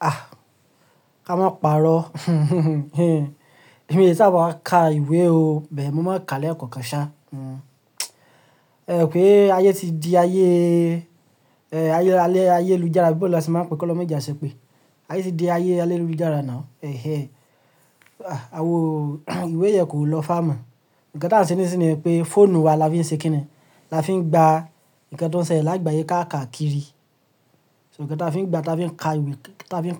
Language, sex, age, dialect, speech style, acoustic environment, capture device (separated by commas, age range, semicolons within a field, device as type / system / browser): Yoruba, male, 25-34, Nigeria - Lagos Yoruba, relaxed, silence, mobile / Linux / Chrome